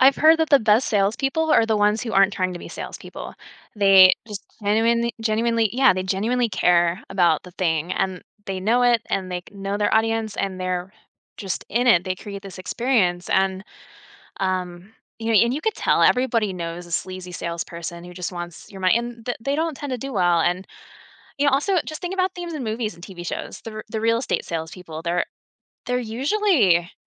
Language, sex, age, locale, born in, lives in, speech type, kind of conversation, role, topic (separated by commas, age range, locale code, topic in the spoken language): English, female, 40-44, en-US, United States, United States, conversation, advice, advisor, How can I find motivation in a stuck career?